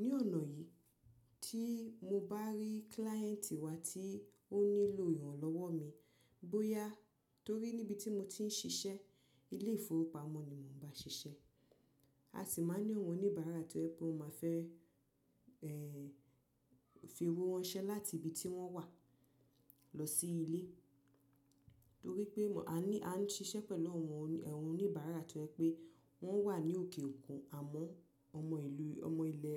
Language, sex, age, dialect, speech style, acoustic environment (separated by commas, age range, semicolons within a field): Yoruba, female, 25-34, Nigeria - Nigerian Standard, relaxed, people talking